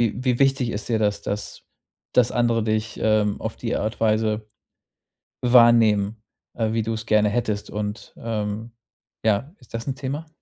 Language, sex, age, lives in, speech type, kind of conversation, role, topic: German, male, 35-39, Germany, conversation, advice, advisor, Wie kann ich mich authentisch ausdrücken, ohne Angst vor Ablehnung zu haben?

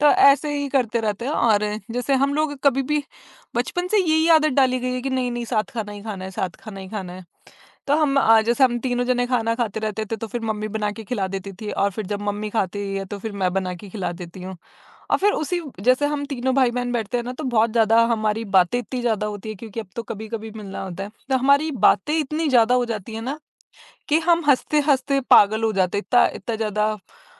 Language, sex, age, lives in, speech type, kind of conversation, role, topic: Hindi, female, 25-29, India, conversation, podcast, guest, परिवार के साथ खाने का समय आपके लिए कैसा होता है?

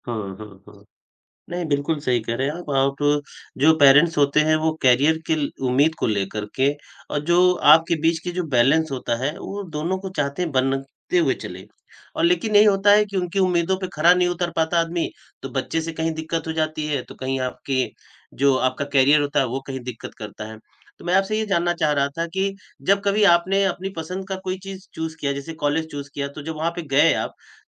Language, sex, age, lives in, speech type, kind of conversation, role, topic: Hindi, male, 45-49, India, conversation, podcast, host, Parents ki career expectations aur apni pasand ko tum kaise balance karte ho?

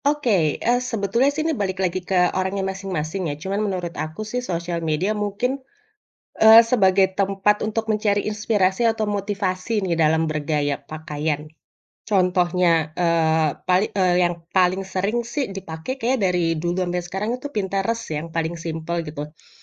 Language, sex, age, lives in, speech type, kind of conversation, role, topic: Indonesian, female, 35-39, Indonesia, conversation, podcast, guest, Bagaimana menurutmu generasi sekarang merayakan identitas melalui pakaian?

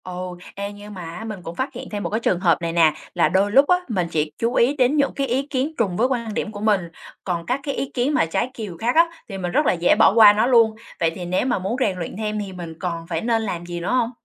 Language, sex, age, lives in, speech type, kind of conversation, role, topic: Vietnamese, female, 25-29, Vietnam, read, expert-assistant, asker, Có cách nào giúp tôi theo dõi các sự kiện quốc tế mà không bị quá tải thông tin không?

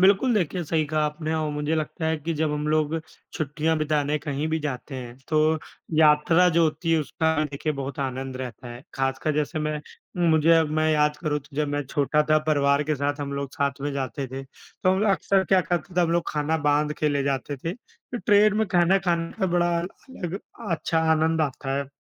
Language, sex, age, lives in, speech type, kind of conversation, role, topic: Hindi, male, 25-29, India, conversation, unstructured, none, आपकी सबसे पसंदीदा छुट्टियां बिताने की जगह कौन-सी है?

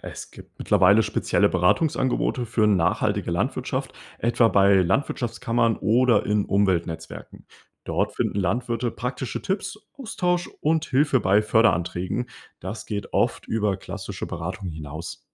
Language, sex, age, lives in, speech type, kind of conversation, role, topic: German, male, 20-24, Germany, read, expert-assistant, expert, Welche Vorteile und Nachteile hat nachhaltige Landwirtschaft für Bauern und Konsumenten?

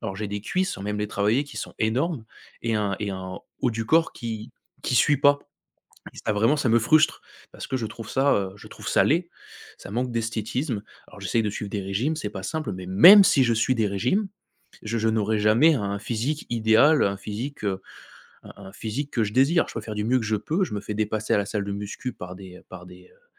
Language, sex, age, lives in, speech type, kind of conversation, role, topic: French, male, 25-29, France, conversation, advice, user, Comment décrirais-tu ton manque d’estime de soi lié à ton apparence physique ?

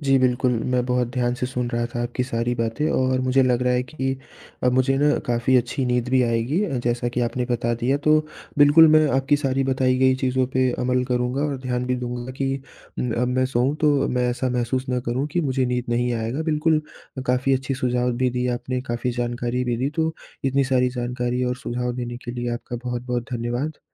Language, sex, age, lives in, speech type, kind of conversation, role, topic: Hindi, male, 20-24, India, conversation, advice, user, साथी के खर्राटों या सोने की आदतों के कारण आपकी नींद कैसे प्रभावित हो रही है?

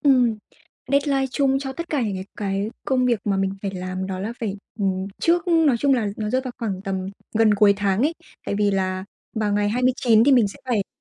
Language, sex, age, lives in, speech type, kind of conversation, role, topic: Vietnamese, female, 20-24, Vietnam, conversation, advice, user, Làm sao để ưu tiên công việc theo mức độ quan trọng?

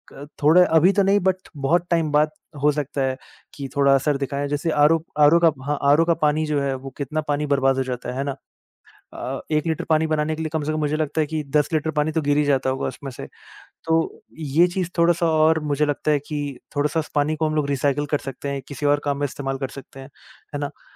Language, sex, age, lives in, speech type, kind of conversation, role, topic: Hindi, male, 25-29, India, conversation, unstructured, none, क्या आप सोचते हैं कि पर्यावरण की खराब हालत के लिए इंसान जिम्मेदार है?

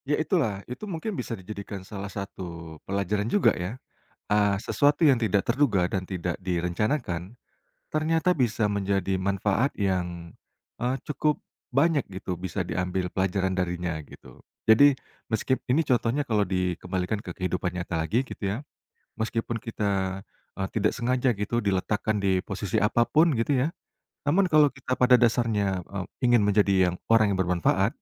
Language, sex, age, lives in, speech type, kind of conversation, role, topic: Indonesian, male, 35-39, Indonesia, conversation, podcast, guest, Apa yang dapat kita pelajari dari pohon tua?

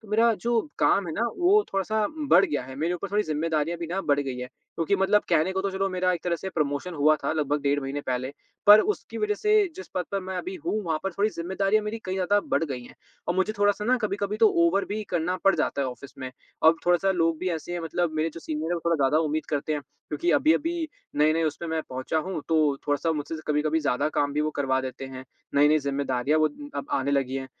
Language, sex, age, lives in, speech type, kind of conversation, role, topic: Hindi, male, 20-24, India, conversation, advice, user, काम और परिवार के बीच व्यायाम के लिए समय निकालना मुश्किल क्यों होता है?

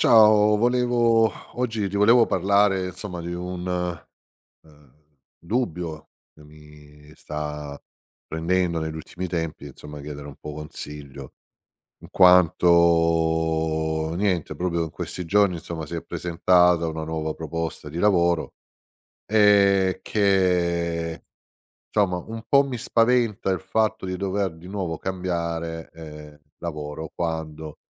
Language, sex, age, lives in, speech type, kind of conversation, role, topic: Italian, male, 50-54, Italy, conversation, advice, user, Come posso superare la paura del cambiamento quando devo decidere se trasferirmi o cambiare carriera?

